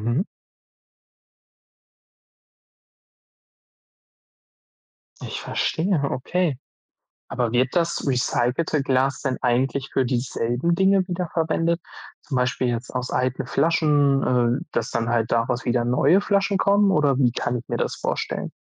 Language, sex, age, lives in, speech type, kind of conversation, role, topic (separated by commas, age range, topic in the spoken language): German, male, 20-24, Germany, read, expert-assistant, asker, Wie wird Glas hergestellt?